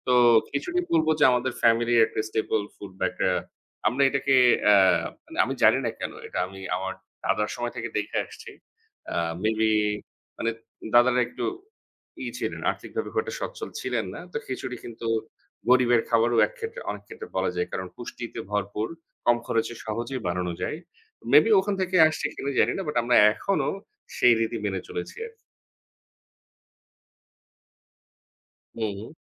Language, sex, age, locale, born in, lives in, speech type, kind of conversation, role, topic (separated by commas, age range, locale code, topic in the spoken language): Bengali, male, 30-34, bn-BD, Bangladesh, Bangladesh, conversation, unstructured, none, আপনার পরিবারের কোন খাবারটি আপনার কাছে সবচেয়ে স্মরণীয়?